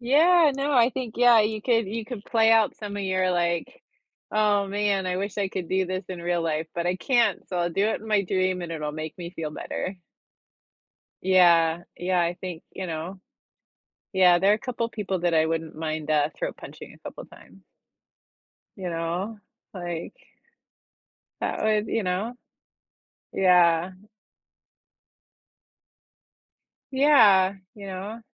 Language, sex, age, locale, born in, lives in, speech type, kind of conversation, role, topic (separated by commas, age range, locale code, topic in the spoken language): English, female, 45-49, en-US, United States, United States, conversation, unstructured, none, Would you rather remember every dream you’ve ever had, or be able to control what you dream about each night?